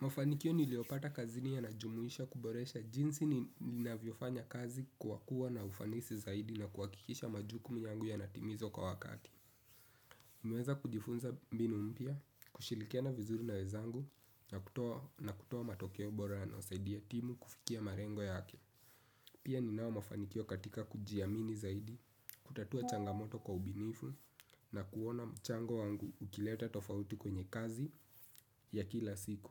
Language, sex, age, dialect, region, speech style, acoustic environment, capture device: Swahili, male, 18-24, Kenya - Nairobi Sheng-influenced, Kenya, relaxed, silence, desktop / Windows / Chrome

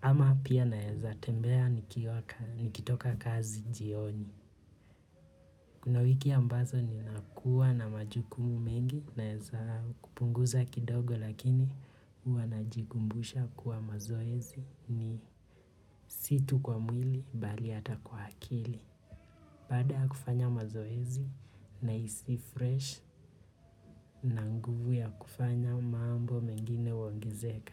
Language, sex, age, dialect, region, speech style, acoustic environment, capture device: Swahili, male, 25-34, Kenya - Nairobi Sheng-influenced, Kenya, relaxed; focused, nature; people talking, mobile / Linux / Chrome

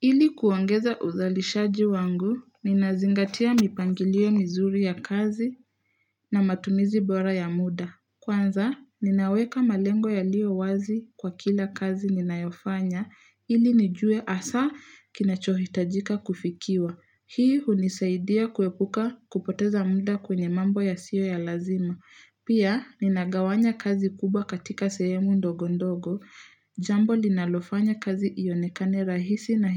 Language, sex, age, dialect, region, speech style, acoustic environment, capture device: Swahili, female, 18-24, Kenya - Nairobi Sheng-influenced, Kenya, relaxed, nature, mobile / Linux / Chrome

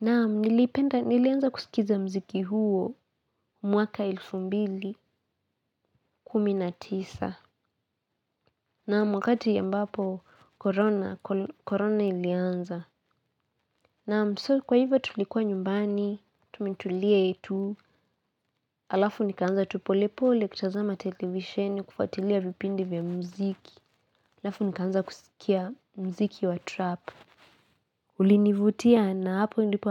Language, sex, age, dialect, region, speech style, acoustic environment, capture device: Swahili, female, 18-24, Kenya - Nairobi Sheng-influenced, Kenya, happy; excited; focused; relaxed, nature, mobile / Linux / Chrome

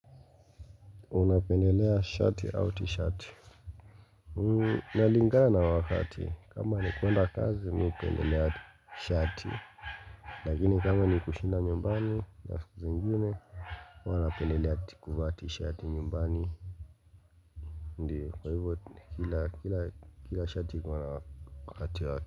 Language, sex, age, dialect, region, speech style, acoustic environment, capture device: Swahili, male, 25-34, Kenya - Mombasa, Kenya, relaxed, silence, mobile / Linux / Chrome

